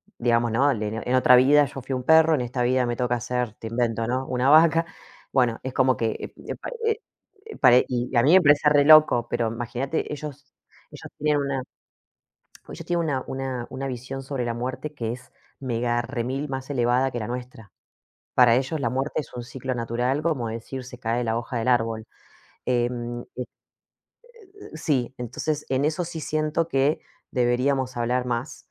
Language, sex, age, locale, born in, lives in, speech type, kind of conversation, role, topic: Spanish, female, 35-39, es-AR, Argentina, Portugal, conversation, unstructured, none, ¿Crees que la muerte cambia la forma en que valoramos la vida?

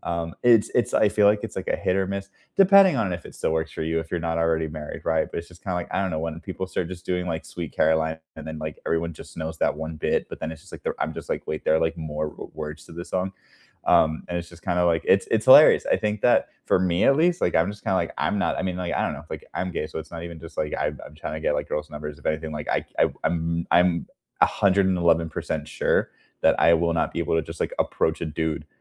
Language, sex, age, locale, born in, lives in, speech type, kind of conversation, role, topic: English, male, 30-34, en-US, United States, United States, conversation, unstructured, none, Which karaoke songs are your go-to guaranteed hits, and what memories or reasons make them your favorites?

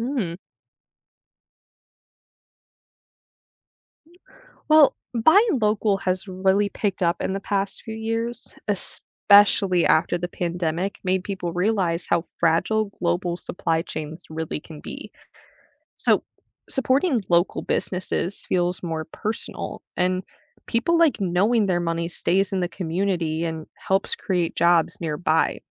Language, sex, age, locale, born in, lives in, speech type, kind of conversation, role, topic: English, female, 20-24, en-US, United States, United States, read, expert-assistant, expert, What are the pros and cons for a country that relies heavily on international trade?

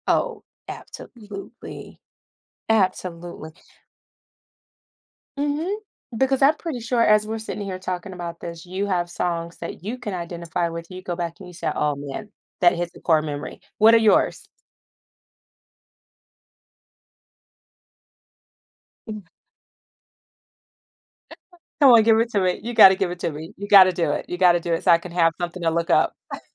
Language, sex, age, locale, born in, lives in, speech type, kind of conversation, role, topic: English, female, 40-44, en-US, United States, United States, conversation, podcast, guest, How can a song become deeply connected to a personal memory?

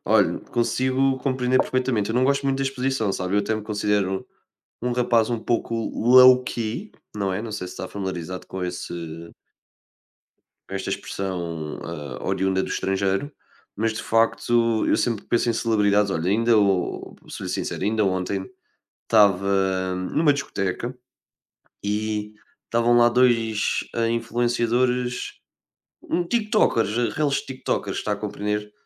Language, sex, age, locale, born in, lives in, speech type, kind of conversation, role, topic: Portuguese, male, 20-24, pt-PT, Portugal, Portugal, conversation, unstructured, none, Como você se prepararia para viver um dia como celebridade?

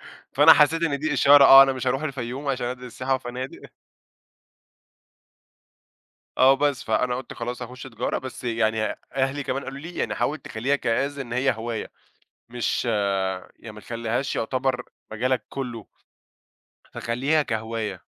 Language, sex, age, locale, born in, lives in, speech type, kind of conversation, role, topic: Arabic, male, 20-24, ar-EG, Egypt, Egypt, conversation, podcast, guest, إيه أسرع وجبة بتعملها وإنت مستعجل؟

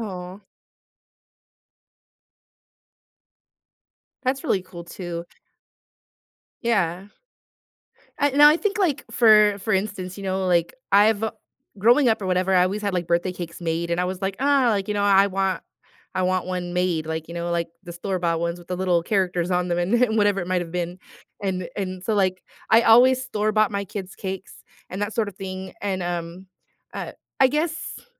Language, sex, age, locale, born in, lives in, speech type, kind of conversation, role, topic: English, female, 35-39, en-US, United States, United States, conversation, podcast, guest, How does food shape your family traditions?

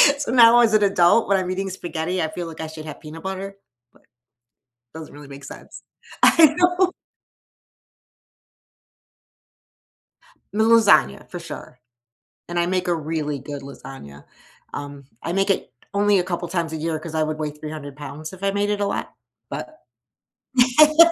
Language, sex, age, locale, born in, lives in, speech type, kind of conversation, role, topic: English, male, 55-59, en-US, United States, United States, conversation, unstructured, none, How do different cultures influence the food we eat?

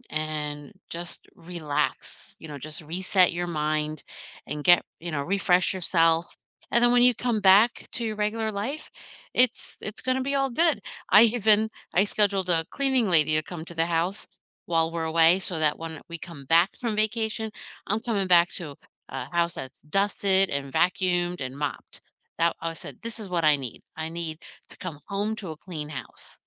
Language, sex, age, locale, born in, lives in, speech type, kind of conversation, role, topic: English, female, 50-54, en-US, United States, United States, conversation, unstructured, none, How do you decide where to stay when you travel, and what makes a place feel like the right fit for you?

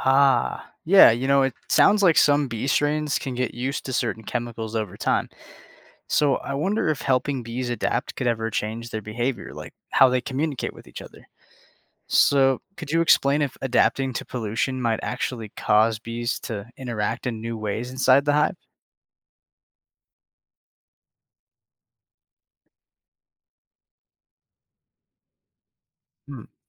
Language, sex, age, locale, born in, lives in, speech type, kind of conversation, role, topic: English, male, 20-24, en-US, United States, United States, read, expert-assistant, asker, How do honeybees communicate about food sources?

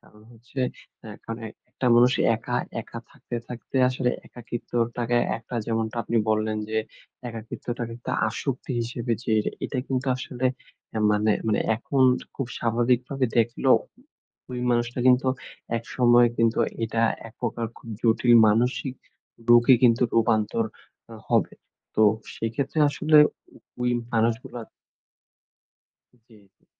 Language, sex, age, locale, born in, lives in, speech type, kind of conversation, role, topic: Bengali, male, 20-24, bn-BD, Bangladesh, Bangladesh, conversation, podcast, guest, আপনি কি মনে করেন, প্রযুক্তি মানুষকে একা করে দেয়?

